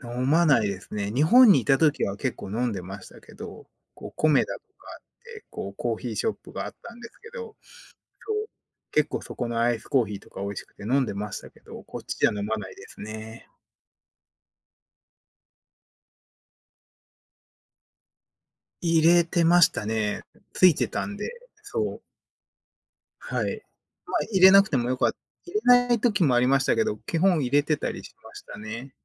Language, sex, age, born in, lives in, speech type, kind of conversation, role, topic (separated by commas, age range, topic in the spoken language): Japanese, male, 30-34, Japan, United States, conversation, unstructured, none, コーヒーはブラックで飲むのが好きですか、それともミルクを入れるのが好きですか？